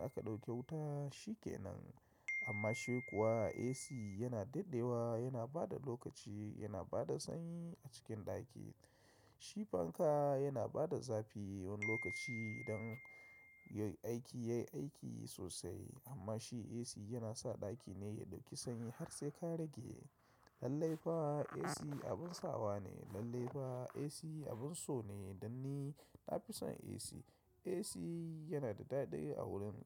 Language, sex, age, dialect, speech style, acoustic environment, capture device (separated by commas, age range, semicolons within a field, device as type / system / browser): Hausa, male, 35-44, Nigeria - Kano, relaxed; excited, silence, mobile / macOS / Safari